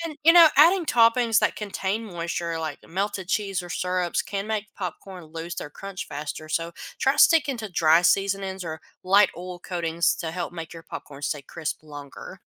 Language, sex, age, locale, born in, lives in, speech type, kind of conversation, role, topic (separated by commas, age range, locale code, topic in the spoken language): English, female, 25-29, en-US, United States, United States, read, expert-assistant, expert, What causes popcorn kernels to pop?